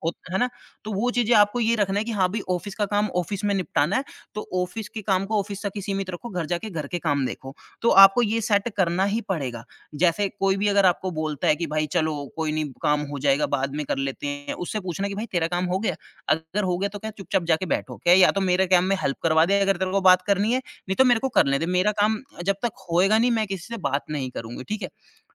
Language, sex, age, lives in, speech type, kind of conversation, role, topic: Hindi, male, 30-34, India, conversation, advice, advisor, क्या आप काम टालने की आदत और आख़िरी पल में होने वाली भागदौड़ के बारे में अपना अनुभव बता सकते हैं?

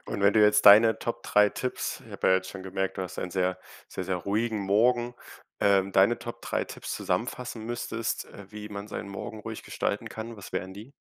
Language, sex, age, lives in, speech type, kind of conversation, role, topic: German, male, 18-19, Germany, conversation, podcast, host, Wie läuft deine Morgenroutine zu Hause meistens ab?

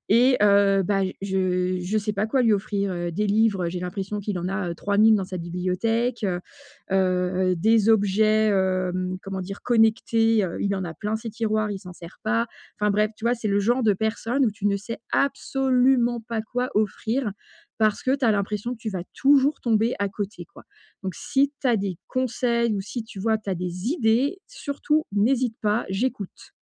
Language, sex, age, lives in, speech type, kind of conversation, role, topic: French, female, 45-49, France, conversation, advice, user, Comment trouver le cadeau parfait pour un proche qui a déjà tout ?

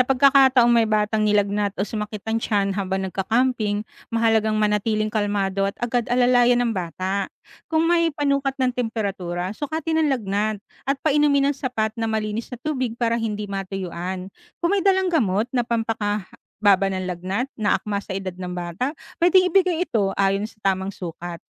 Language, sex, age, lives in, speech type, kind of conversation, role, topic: Filipino, female, 35-39, Philippines, read, expert-assistant, expert, Paano magiging ligtas at masaya ang unang family camping kasama ang mga bata?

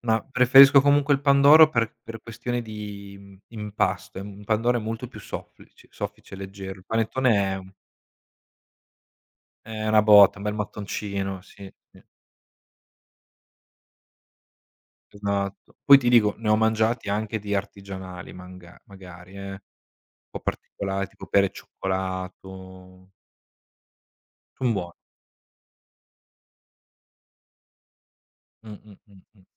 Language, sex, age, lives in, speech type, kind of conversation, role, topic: Italian, male, 25-29, Italy, conversation, unstructured, none, Qual è il ruolo del cibo nei tuoi momenti di festa?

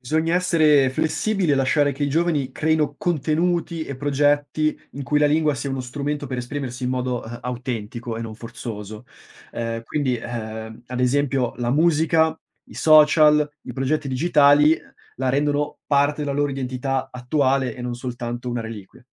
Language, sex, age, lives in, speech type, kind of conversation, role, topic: Italian, male, 25-29, Italy, read, expert-assistant, expert, Cosa si perde e cosa si guadagna quando una lingua scompare?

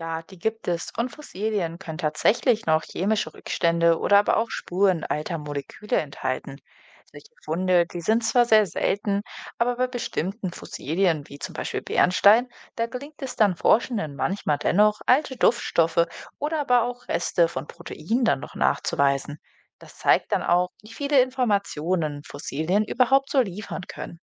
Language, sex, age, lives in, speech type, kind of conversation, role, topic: German, female, 25-29, Germany, read, expert-assistant, expert, Warum bleiben manche Fossilien außergewöhnlich gut erhalten?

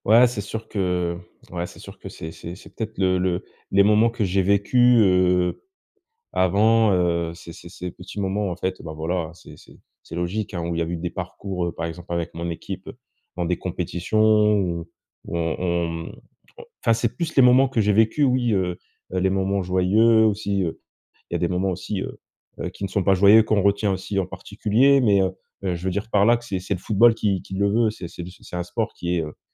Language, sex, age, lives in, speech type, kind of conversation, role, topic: French, male, 25-29, France, conversation, advice, user, Pourquoi n’arrive-je plus à prendre plaisir à mes loisirs ?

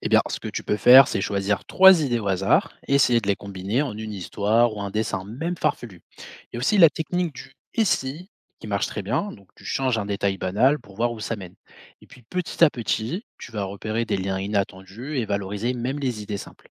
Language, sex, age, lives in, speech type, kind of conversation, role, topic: French, male, 25-29, France, read, expert-assistant, expert, Comment garder un esprit créatif malgré la routine ?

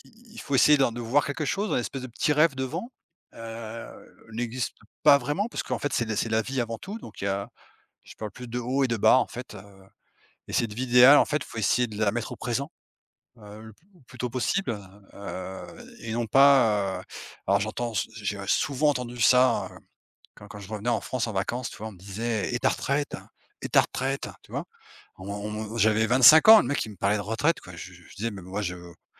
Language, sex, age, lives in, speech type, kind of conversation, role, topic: French, male, 45-49, Portugal, conversation, unstructured, none, Comment imagines-tu ta vie idéale dans le futur ?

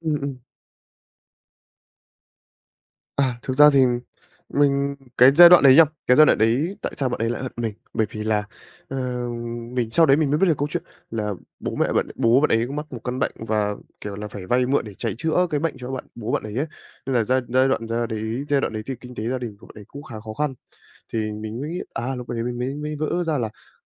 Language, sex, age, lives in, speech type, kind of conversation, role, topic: Vietnamese, male, 18-19, Vietnam, conversation, advice, user, Làm thế nào để liên lạc lại với bạn cũ sau một thời gian mất liên lạc và nối lại mối quan hệ?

